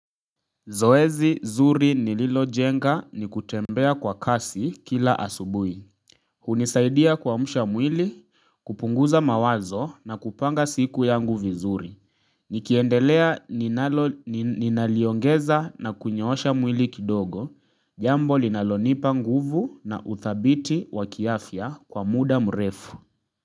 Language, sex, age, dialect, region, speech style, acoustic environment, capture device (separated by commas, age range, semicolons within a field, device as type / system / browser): Swahili, male, 25-34, Kenya - Nairobi Sheng-influenced, Kenya, relaxed; focused, nature; silence, mobile / Linux / Chrome